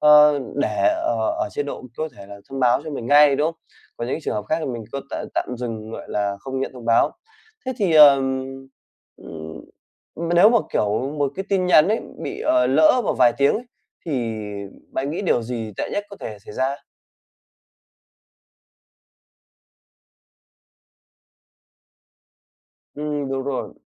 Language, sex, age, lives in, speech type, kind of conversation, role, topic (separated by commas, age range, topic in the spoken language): Vietnamese, male, 25-29, Vietnam, conversation, podcast, host, Bạn làm gì để không bị thông báo làm xao nhãng suốt cả ngày?